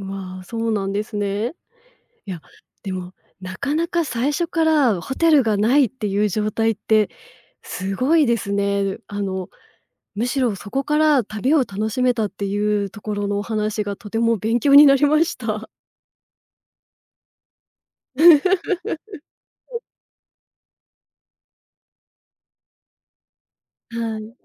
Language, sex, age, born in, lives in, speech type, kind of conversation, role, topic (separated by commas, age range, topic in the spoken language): Japanese, female, 35-39, Japan, Japan, conversation, podcast, host, 旅先でどんなハプニングに遭いましたか？